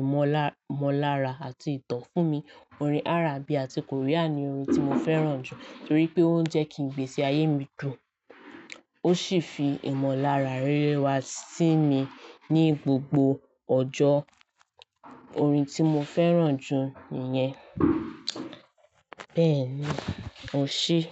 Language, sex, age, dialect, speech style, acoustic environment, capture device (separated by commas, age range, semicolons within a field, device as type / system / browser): Yoruba, female, 18-24, Nigeria - Lagos Yoruba, relaxed, silence; nature, mobile / Linux / Chrome